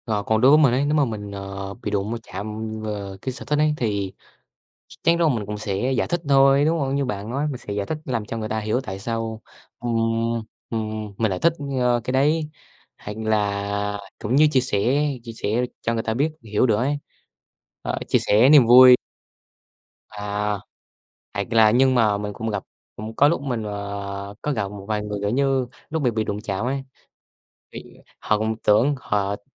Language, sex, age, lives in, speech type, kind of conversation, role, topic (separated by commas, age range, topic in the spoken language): Vietnamese, male, 18-19, Vietnam, conversation, unstructured, none, Bạn phản ứng thế nào khi có người chê sở thích của bạn?